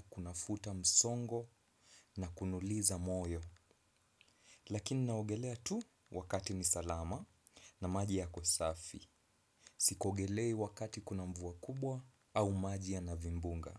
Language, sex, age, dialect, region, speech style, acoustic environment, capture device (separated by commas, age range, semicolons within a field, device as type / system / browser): Swahili, male, 25-34, Kenya - Mombasa, Kenya, relaxed, silence, desktop / Windows / Chrome